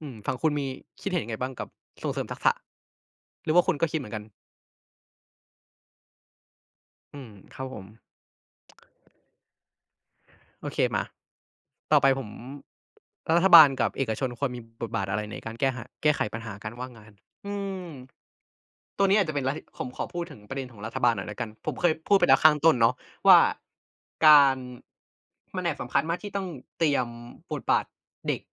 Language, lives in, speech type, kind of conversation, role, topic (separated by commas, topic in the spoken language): Thai, Thailand, conversation, unstructured, none, ถ้าเทคโนโลยีทำให้คนว่างงานมากขึ้น คุณคิดว่าเราควรแก้ปัญหานี้อย่างไร?